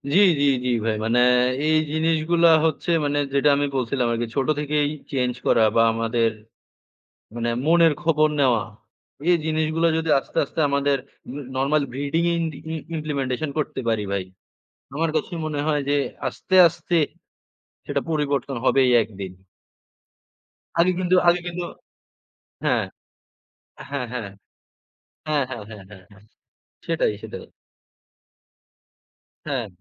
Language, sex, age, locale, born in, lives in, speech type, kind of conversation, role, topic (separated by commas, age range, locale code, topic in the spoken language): Bengali, male, 20-24, bn-BD, Bangladesh, Bangladesh, conversation, unstructured, none, কেন অনেকেই মানসিক স্বাস্থ্য নিয়ে কথা বলতে ভয় পান?